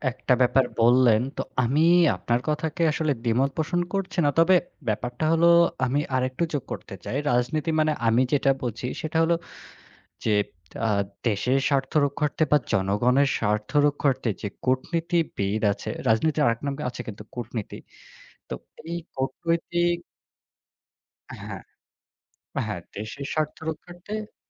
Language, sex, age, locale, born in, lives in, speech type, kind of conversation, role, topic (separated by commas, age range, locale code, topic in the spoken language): Bengali, male, 25-29, bn-BD, Bangladesh, Bangladesh, conversation, unstructured, none, দেশ পরিচালনায় তরুণদের অংশগ্রহণ কতটা প্রয়োজন?